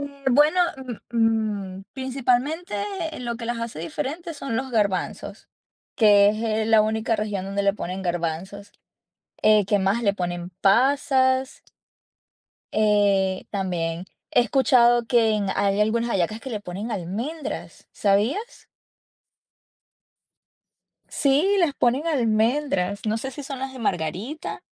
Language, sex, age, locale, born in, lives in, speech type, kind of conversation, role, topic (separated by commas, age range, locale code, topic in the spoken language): Spanish, female, 30-34, es-VE, Venezuela, United States, conversation, unstructured, none, ¿Cómo celebras las tradiciones familiares en tu cultura?